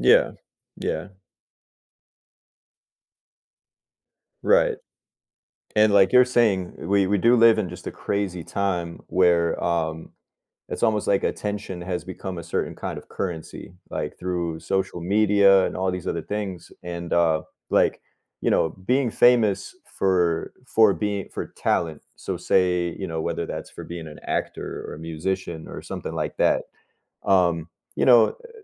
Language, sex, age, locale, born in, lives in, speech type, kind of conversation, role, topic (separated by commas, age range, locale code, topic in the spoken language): English, male, 30-34, en-US, United States, United States, conversation, unstructured, none, Would you rather be famous for your talent or for your kindness?